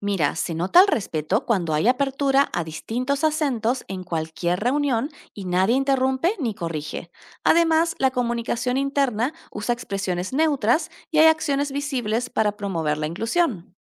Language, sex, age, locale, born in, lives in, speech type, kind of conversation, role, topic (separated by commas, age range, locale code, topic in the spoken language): Spanish, female, 30-34, es-AR, Argentina, Netherlands, read, expert-assistant, expert, ¿Qué hago si me da pena usar mi acento en lugares nuevos?